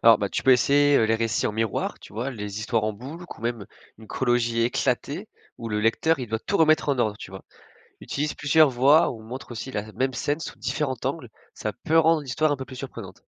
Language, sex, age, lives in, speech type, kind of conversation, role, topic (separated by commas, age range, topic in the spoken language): French, male, 20-24, France, read, expert-assistant, expert, Quelles idées originales pourrait-on explorer pour rendre une histoire courte vraiment surprenante ?